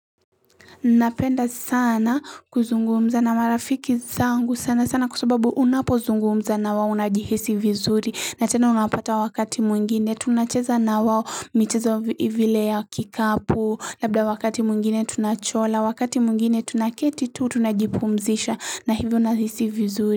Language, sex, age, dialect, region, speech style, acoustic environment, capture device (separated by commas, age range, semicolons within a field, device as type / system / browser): Swahili, female, 18-24, Kenya - Mombasa, Kenya, happy, people talking, mobile / Linux / Chrome